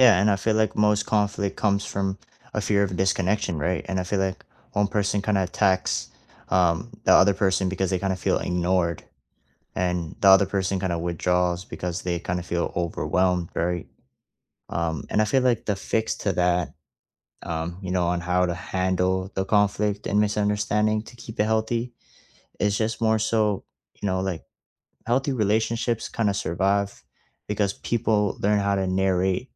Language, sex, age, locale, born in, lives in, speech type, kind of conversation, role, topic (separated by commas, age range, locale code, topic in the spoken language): English, male, 20-24, en-CA, Canada, Canada, conversation, unstructured, none, What is one thing you have learned about maintaining close connections over time?